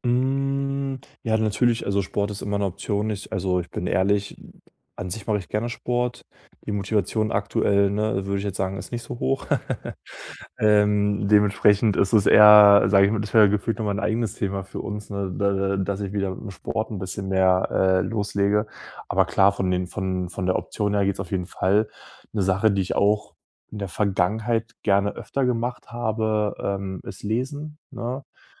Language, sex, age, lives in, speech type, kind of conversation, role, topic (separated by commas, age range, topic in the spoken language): German, male, 25-29, Germany, conversation, advice, user, Wie kann ich meine Konzentration verbessern, indem ich bewusst auf Geräte verzichte?